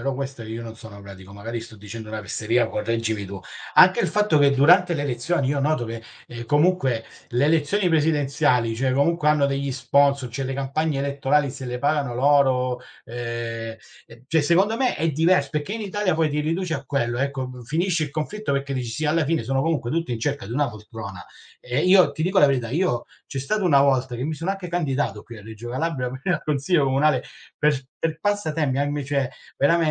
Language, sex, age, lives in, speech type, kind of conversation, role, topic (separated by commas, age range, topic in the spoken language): Italian, male, 40-44, Italy, conversation, unstructured, none, Come gestisci i conflitti con un familiare stretto?